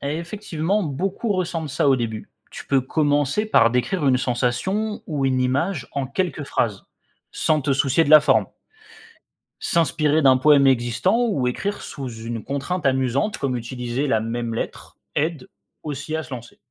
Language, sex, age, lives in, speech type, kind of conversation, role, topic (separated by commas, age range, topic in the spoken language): French, male, 25-29, France, read, expert-assistant, expert, Comment peut-on commencer à écrire de la poésie, même si on n’a jamais essayé ?